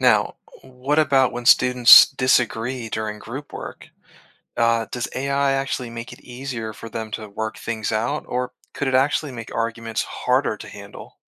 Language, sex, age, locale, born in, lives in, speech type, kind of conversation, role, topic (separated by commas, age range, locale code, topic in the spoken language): English, male, 30-34, en-US, Germany, United States, read, expert-assistant, asker, How would AI tutors impact teachers, parents, and students?